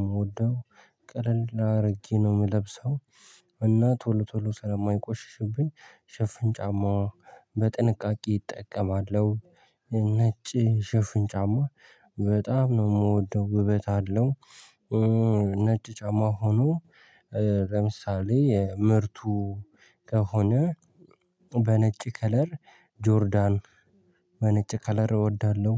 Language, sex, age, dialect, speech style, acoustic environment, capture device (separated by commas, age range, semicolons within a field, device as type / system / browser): Amharic, male, 18-24, Ethiopia - Addis Ababa, happy, people talking, mobile / Linux / Chrome